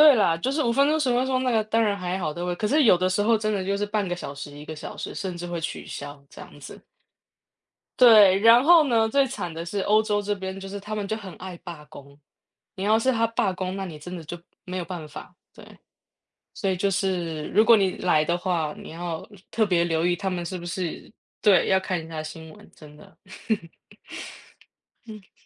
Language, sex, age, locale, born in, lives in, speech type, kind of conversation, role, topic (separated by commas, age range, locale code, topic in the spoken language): Chinese, female, 35-39, zh-TW, Taiwan, Germany, conversation, unstructured, none, 你的梦想旅行目的地是哪里？为什么想去那里？